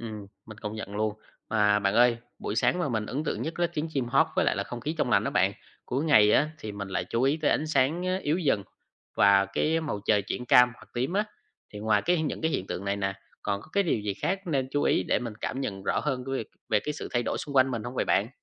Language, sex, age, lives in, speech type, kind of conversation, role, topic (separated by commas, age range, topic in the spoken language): Vietnamese, male, 20-24, Vietnam, read, expert-assistant, asker, Làm sao để quan sát thiên nhiên hàng ngày mà vẫn học được nhiều điều thú vị về hệ sinh thái?